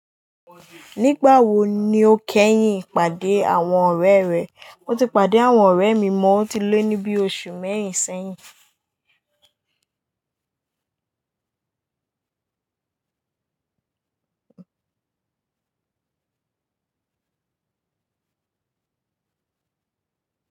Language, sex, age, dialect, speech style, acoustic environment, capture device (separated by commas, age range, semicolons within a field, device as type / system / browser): Yoruba, female, 18-24, Nigeria - Lagos Yoruba, happy, people talking, mobile / Linux / Chrome